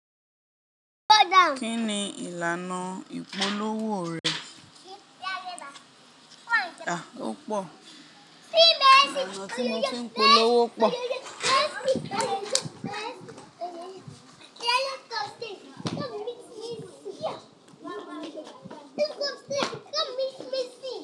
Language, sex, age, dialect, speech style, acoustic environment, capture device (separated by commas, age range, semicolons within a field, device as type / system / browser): Yoruba, female, 25-34, Nigeria - Ibadan, relaxed, people talking, mobile / Linux / Chrome